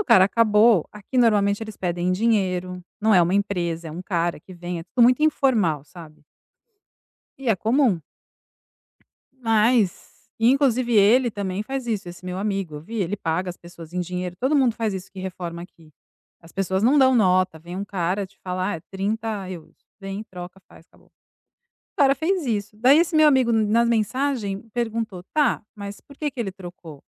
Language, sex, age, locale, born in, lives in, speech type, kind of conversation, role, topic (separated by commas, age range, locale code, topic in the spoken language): Portuguese, female, 45-49, pt-BR, Brazil, Italy, conversation, advice, user, Como posso lidar com o medo da rejeição quando recebo críticas?